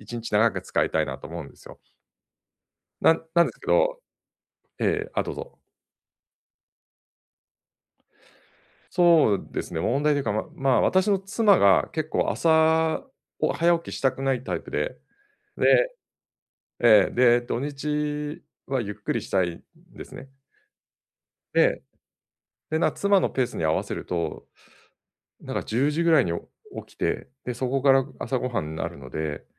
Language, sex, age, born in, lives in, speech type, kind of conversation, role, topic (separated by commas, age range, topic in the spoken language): Japanese, male, 50-54, Japan, Japan, conversation, advice, user, 仕事と私生活の優先順位を決める